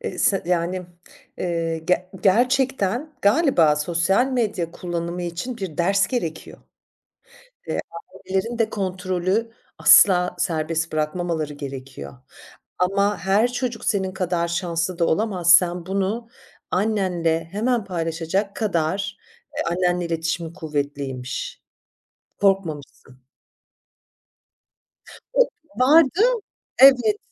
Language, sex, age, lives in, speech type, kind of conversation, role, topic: Turkish, female, 45-49, France, conversation, podcast, host, Hayatında aldığın en iyi öğüt neydi?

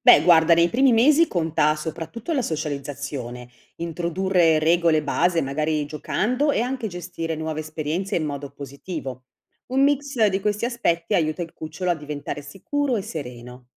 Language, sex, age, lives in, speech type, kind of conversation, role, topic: Italian, female, 55-59, Italy, read, expert-assistant, expert, Quali sono gli aspetti più importanti da considerare quando si decide come educare un animale domestico: obbedienza di base, stimolazione mentale o socializzazione?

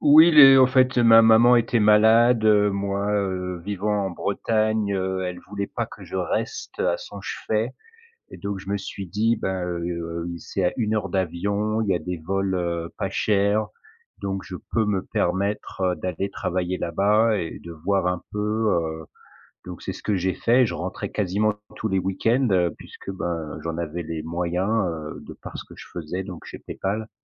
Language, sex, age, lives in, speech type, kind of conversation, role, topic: French, male, 40-44, France, conversation, podcast, guest, Peux-tu nous raconter un tournant important dans ta carrière ?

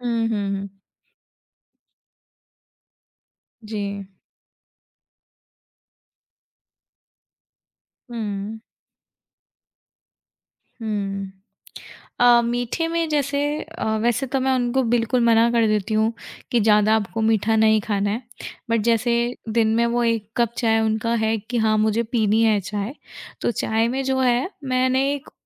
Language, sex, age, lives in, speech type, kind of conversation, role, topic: Hindi, female, 25-29, India, conversation, podcast, guest, Elders ke liye khana banate waqt tum kis baat ka sabse zyada dhyaan rakhte ho?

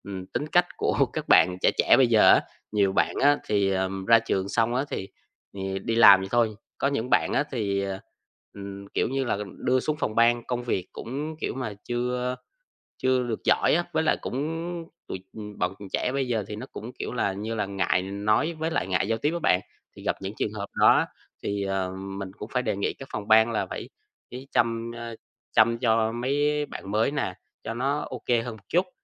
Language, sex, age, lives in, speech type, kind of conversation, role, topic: Vietnamese, male, 20-24, Vietnam, conversation, advice, advisor, Bạn đã bị phê bình công khai trong cuộc họp như thế nào?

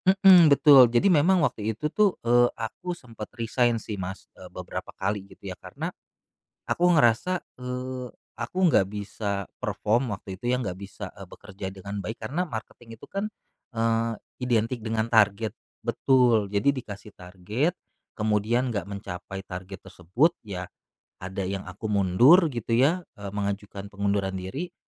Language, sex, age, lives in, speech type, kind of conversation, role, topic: Indonesian, male, 35-39, Indonesia, conversation, podcast, guest, Apa makna kegagalan dalam perjalanan menuju kesuksesan menurutmu?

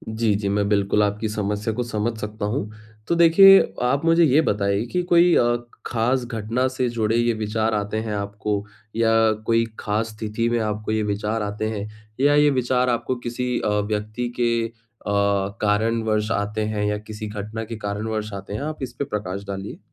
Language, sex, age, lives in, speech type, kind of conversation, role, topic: Hindi, male, 25-29, India, conversation, advice, advisor, मैं अपने विचारों को दूर से देखकर शांत कैसे रहूँ और उनमें फँसने से कैसे बचूँ?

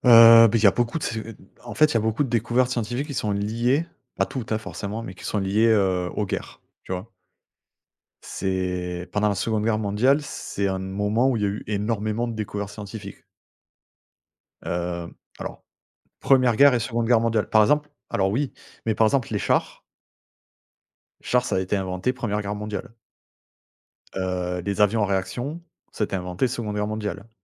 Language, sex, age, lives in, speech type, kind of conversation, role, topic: French, male, 35-39, France, conversation, unstructured, none, Que sais-tu vraiment sur les grandes découvertes scientifiques de l’histoire ?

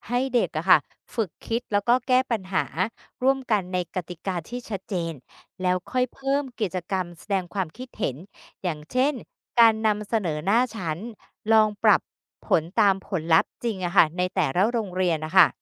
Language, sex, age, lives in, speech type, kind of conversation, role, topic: Thai, female, 50-54, Thailand, read, expert-assistant, expert, ถ้าเราสามารถรวมจุดเด่นของระบบการศึกษาไทยและต่างประเทศเข้าด้วยกัน ระบบใหม่ควรมีหน้าตาเป็นอย่างไร?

